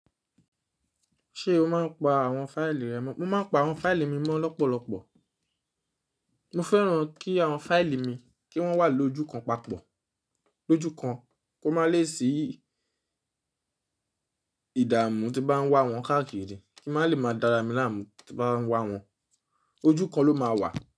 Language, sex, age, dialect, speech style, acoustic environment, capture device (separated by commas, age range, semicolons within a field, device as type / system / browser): Yoruba, male, 25-34, Nigeria - Nigerian Standard, focused, silence, mobile / Linux / Chrome